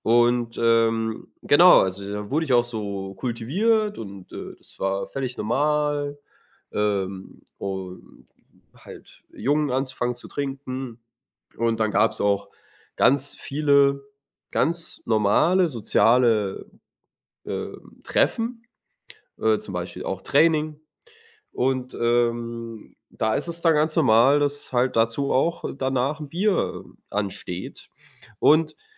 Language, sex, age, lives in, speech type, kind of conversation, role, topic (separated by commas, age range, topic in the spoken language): German, male, 25-29, Germany, conversation, advice, user, Wie kann ich meine persönliche Freiheit leben, ohne meine Kultur und Familie zu verletzen?